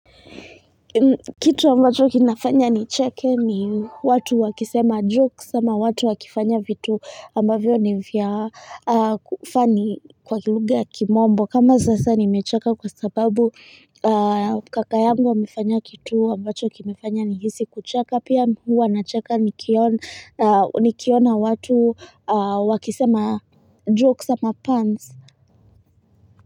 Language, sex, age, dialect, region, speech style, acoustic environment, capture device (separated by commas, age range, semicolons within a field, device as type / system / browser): Swahili, female, 25-34, Kenya - Nairobi Sheng-influenced, Kenya, relaxed, nature; people talking, mobile / Linux / Chrome